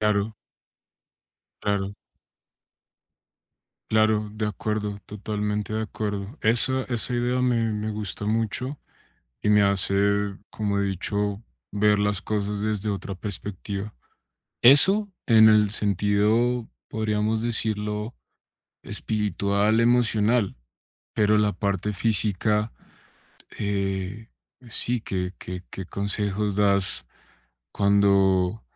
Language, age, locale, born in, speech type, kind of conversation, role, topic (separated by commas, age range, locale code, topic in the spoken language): Spanish, 30-34, es-CO, Colombia, conversation, advice, user, ¿Cómo puedo manejar mis emociones al envejecer y adaptarme a los cambios de rol?